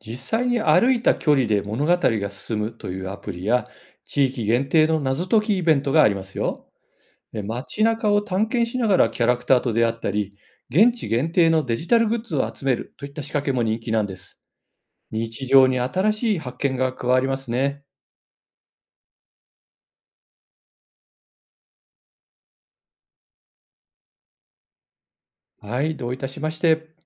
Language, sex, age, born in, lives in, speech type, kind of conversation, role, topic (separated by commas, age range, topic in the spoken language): Japanese, male, 55-59, Japan, Japan, read, expert-assistant, expert, 最近のエンタメの楽しみ方で、もっと面白くできる工夫や新しい選択肢にはどんなものがあると思いますか？